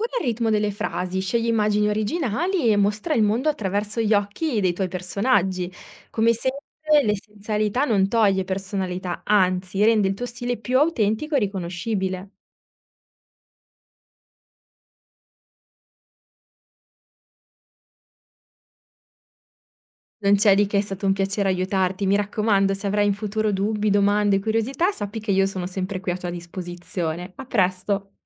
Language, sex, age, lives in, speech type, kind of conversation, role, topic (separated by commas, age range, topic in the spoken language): Italian, female, 30-34, Italy, read, expert-assistant, expert, Come posso mettere ordine nelle mie idee quando voglio scrivere una storia che ho in mente?